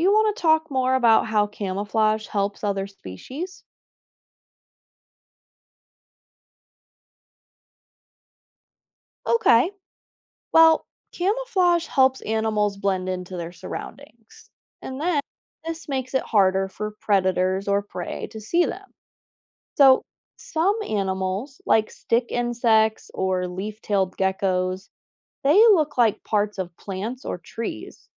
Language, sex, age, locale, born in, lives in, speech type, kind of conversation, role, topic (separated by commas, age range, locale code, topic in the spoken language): English, female, 25-29, en-US, United States, United States, read, expert-assistant, expert, How do animals use patterns and colors to protect themselves from predators?